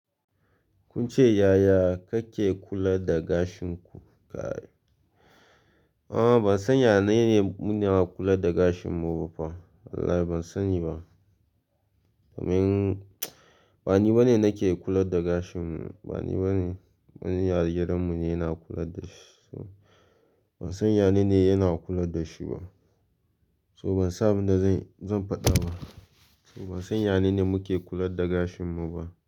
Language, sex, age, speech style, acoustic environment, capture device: Hausa, male, 18-24, relaxed; focused, silence, mobile / Linux / Chrome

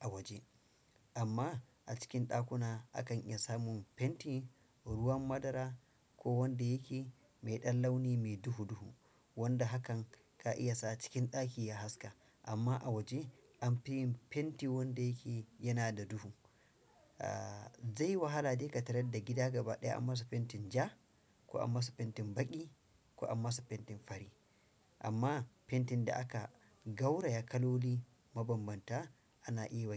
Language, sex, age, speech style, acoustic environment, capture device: Hausa, male, 35-44, focused; relaxed; happy; anxious; nervous; excited, silence; nature; appliances, desktop / Windows / Chrome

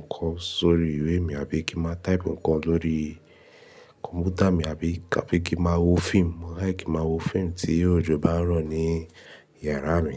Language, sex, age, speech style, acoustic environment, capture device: Yoruba, male, 18-24, happy, music, mobile / Linux / Chrome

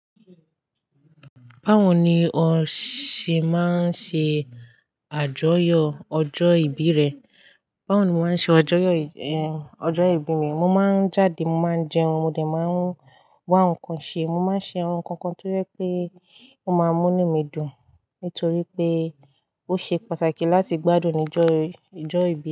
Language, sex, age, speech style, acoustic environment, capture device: Yoruba, female, 18-24, happy, people talking, desktop / Linux / Chrome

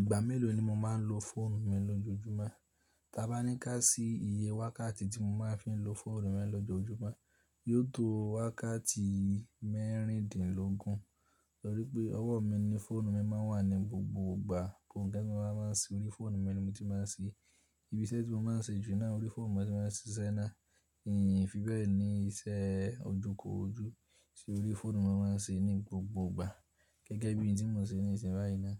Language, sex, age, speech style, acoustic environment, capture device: Yoruba, male, 18-24, tired, silence; nature, mobile / Linux / Chrome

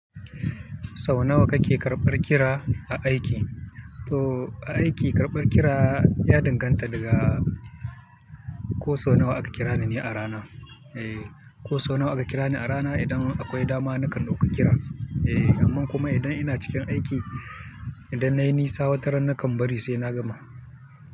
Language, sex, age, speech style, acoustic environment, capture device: Hausa, male, 25-34, focused, people talking; music; nature; traffic, mobile / Linux / Chrome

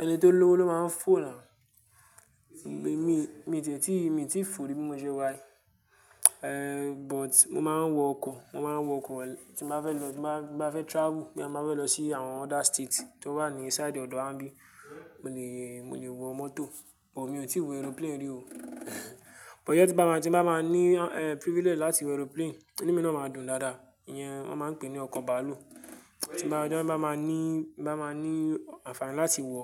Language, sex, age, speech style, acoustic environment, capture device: Yoruba, male, 18-24, excited, silence, mobile / Linux / Chrome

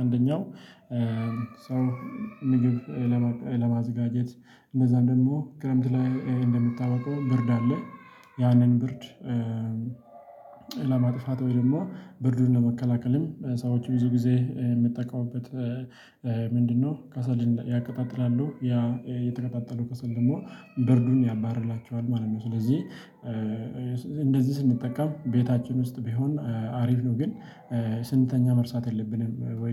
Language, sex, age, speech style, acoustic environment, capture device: Amharic, male, 25-34, relaxed; happy; excited; focused, silence, mobile / Linux / Chrome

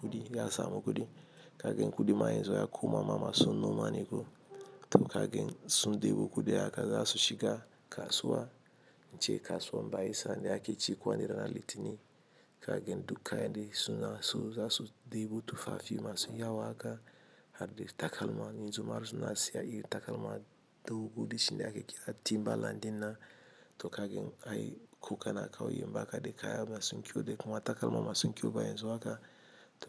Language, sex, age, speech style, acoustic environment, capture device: Hausa, male, 35-44, happy, appliances, mobile / Linux / Chrome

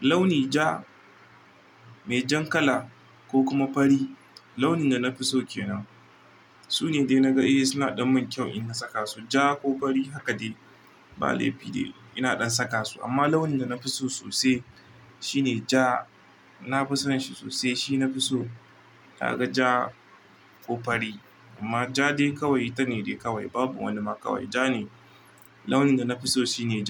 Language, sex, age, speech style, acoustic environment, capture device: Hausa, male, 25-34, relaxed; focused; happy, nature, mobile / Linux / Chrome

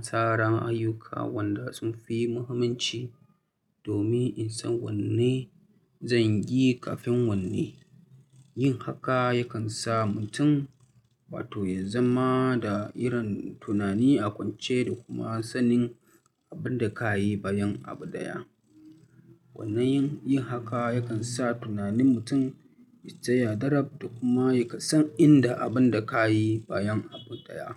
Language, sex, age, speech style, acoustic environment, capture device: Hausa, male, 25-34, relaxed, people talking; traffic; silence, mobile / Linux / Chrome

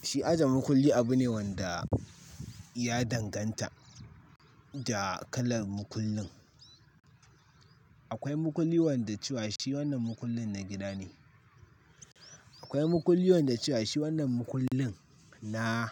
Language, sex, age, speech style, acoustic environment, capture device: Hausa, male, 18-24, focused, nature, mobile / Linux / Chrome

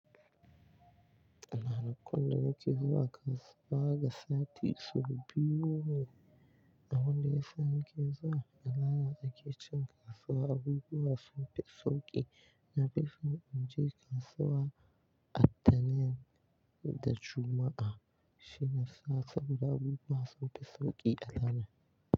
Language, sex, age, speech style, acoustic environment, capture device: Hausa, male, 18-24, relaxed; focused, silence, mobile / Linux / Chrome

